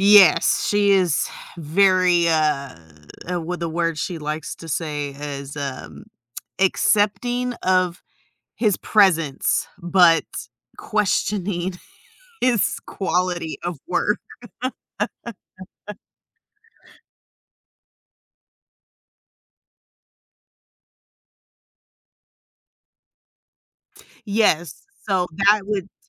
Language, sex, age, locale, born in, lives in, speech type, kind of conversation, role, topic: English, female, 30-34, en-US, United States, United States, conversation, advice, user, How can I set new goals after completing a major accomplishment?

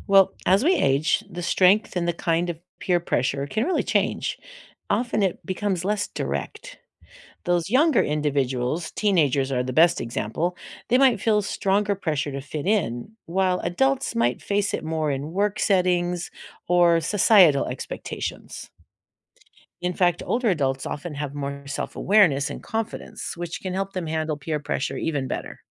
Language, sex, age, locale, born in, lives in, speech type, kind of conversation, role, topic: English, female, 60-64, en-US, United States, United States, read, expert-assistant, expert, How does peer pressure affect decision-making and behavior?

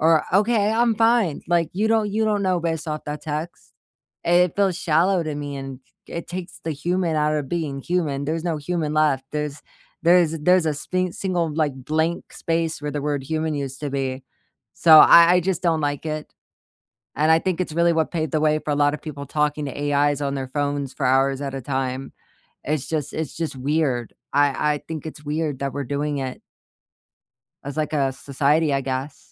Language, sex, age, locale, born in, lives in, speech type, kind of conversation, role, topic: English, female, 25-29, en-US, United States, United States, conversation, unstructured, none, How do you take digital breaks without FOMO while staying meaningfully connected to the people who matter most?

